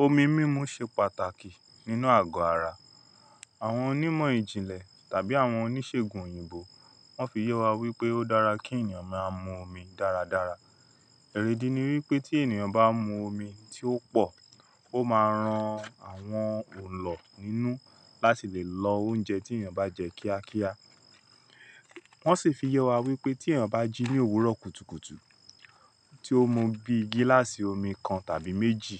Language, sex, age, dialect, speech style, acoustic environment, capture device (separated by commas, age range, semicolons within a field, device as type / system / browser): Yoruba, male, 35-44, Nigeria - Lagos Yoruba, relaxed; focused, silence, mobile / Linux / Chrome